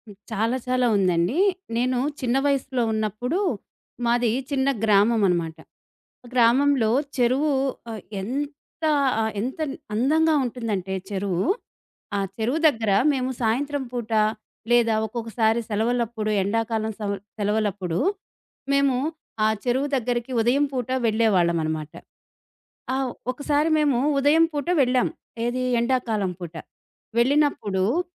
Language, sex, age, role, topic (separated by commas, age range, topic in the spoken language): Telugu, female, 40-44, guest, ప్రకృతిలో అనుభవించిన ఏదైనా జ్ఞాపకం నీ దృక్పథాన్ని మార్చిందా?